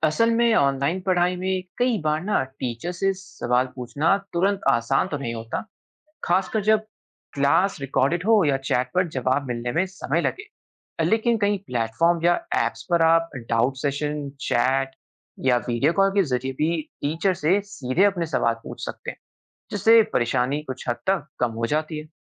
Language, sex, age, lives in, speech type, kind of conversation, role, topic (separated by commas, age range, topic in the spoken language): Hindi, male, 20-24, India, read, expert-assistant, expert, ऑनलाइन पढ़ाई के फायदे और नुकसान क्या हैं?